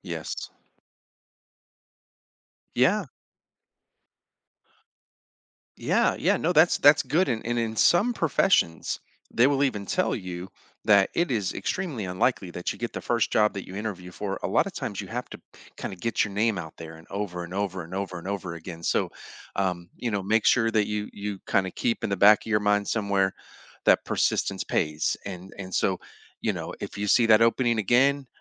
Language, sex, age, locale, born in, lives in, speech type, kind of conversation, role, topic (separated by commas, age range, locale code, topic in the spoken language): English, male, 45-49, en-US, United States, United States, conversation, advice, advisor, How can I rebuild my career and find stable work after a job loss?